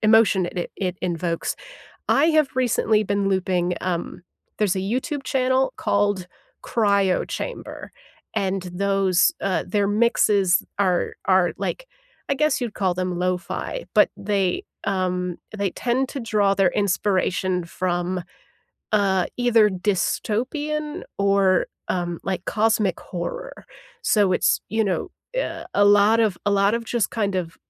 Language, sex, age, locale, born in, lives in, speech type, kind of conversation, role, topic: English, female, 30-34, en-US, United States, United States, conversation, unstructured, none, What's the last song you replayed, and why did it stick with you?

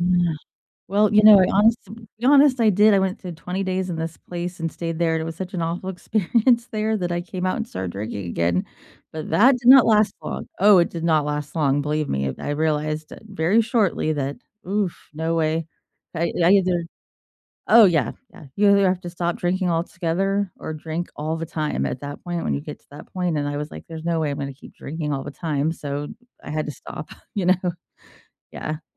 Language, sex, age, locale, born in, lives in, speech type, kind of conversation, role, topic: English, female, 40-44, en-US, United States, United States, conversation, unstructured, none, How can helping others make you feel happier?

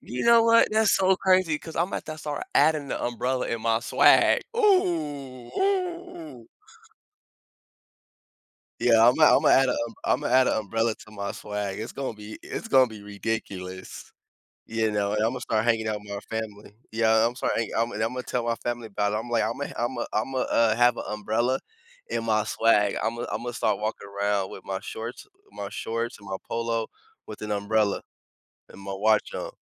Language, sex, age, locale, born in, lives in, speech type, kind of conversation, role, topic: English, male, 25-29, en-US, United States, United States, conversation, unstructured, none, What factors shape your choice of who to spend your weekends with?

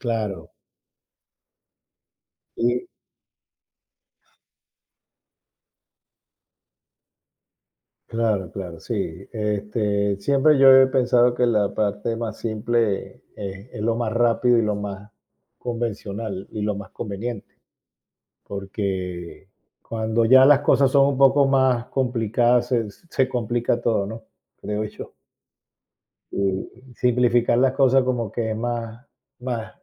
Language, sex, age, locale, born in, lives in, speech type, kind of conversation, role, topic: Spanish, male, 55-59, es-VE, Venezuela, Spain, conversation, unstructured, none, ¿Qué pequeño gesto de un amigo o familiar te ha alegrado el día?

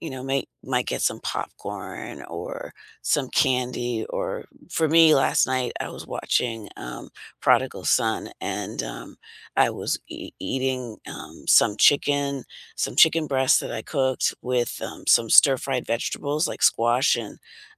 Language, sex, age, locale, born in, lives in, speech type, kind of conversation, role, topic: English, female, 60-64, en-US, United States, United States, conversation, unstructured, none, What TV show would you recommend to absolutely everyone?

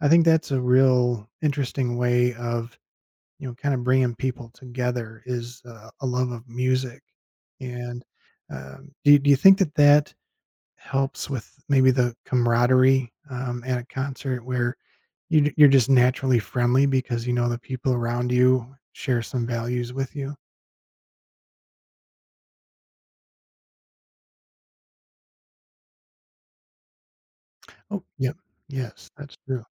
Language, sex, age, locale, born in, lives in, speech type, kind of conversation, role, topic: English, male, 50-54, en-US, United States, United States, conversation, podcast, host, In what ways does entertainment help people connect and build relationships?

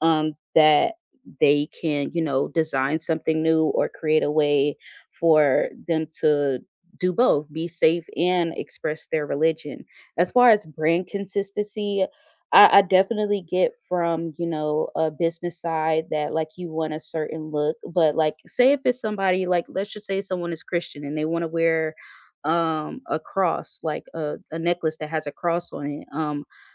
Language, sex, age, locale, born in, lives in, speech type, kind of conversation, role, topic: English, female, 30-34, en-US, United States, United States, conversation, unstructured, none, Should workplaces be required to accommodate employees' religious dress and practices even when they conflict with uniform policies?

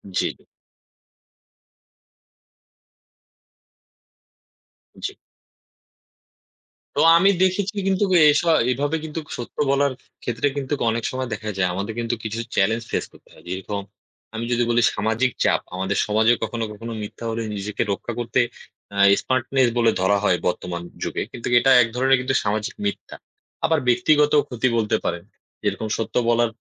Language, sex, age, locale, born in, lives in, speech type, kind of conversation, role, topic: Bengali, male, 20-24, bn-BD, Bangladesh, Bangladesh, conversation, unstructured, none, আপনি কেন মনে করেন, সত্য বলা গুরুত্বপূর্ণ?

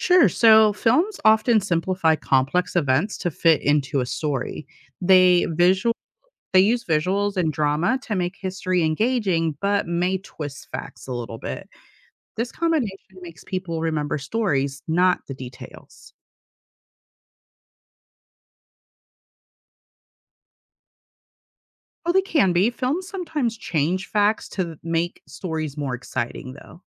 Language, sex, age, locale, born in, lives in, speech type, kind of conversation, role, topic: English, female, 35-39, en-US, United States, United States, read, expert-assistant, expert, How do films influence public understanding of history?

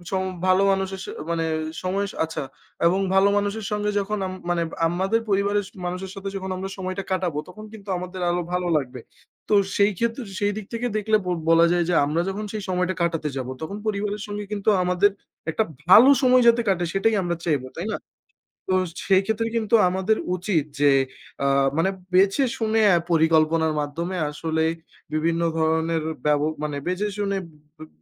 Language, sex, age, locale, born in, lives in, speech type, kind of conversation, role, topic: Bengali, male, 20-24, bn-BD, Bangladesh, Bangladesh, conversation, unstructured, none, পর্যটনকেন্দ্রে অতিরিক্ত ভিড় নিয়ে আপনার মতামত কী?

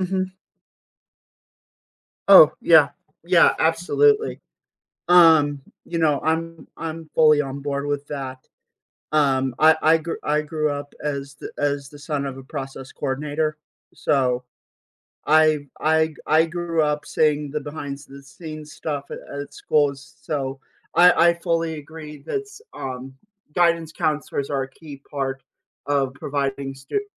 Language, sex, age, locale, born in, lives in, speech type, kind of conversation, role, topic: English, male, 25-29, en-US, United States, United States, conversation, podcast, host, What are some effective ways schools can promote student well-being and emotional health?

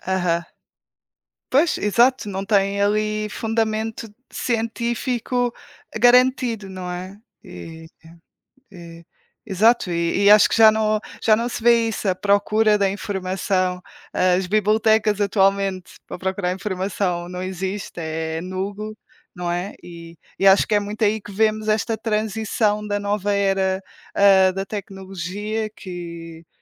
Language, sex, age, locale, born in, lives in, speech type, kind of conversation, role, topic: Portuguese, female, 20-24, pt-PT, Portugal, Portugal, conversation, unstructured, none, Como a tecnologia mudou a forma de aprender hoje?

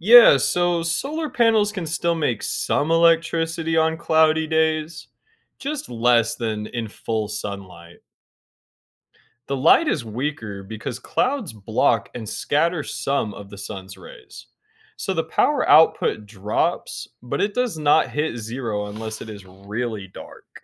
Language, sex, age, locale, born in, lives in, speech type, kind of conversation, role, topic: English, male, 25-29, en-US, United States, United States, read, expert-assistant, expert, How do solar panels generate electricity?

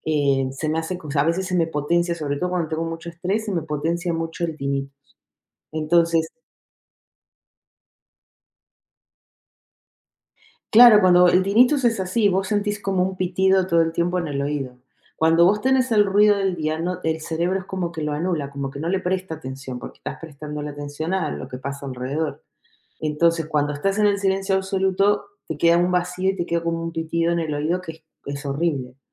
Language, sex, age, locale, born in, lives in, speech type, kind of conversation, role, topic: Spanish, female, 50-54, es-US, Argentina, United States, conversation, unstructured, none, ¿Cómo te aseguras de dormir lo suficiente cada noche?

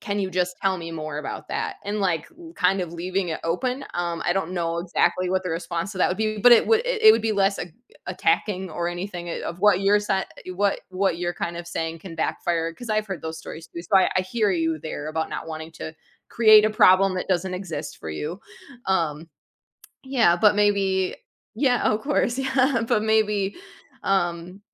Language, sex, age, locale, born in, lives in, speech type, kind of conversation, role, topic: English, female, 35-39, en-US, United States, United States, conversation, advice, advisor, How do I talk to my manager about discovering a co-worker earns more than I do?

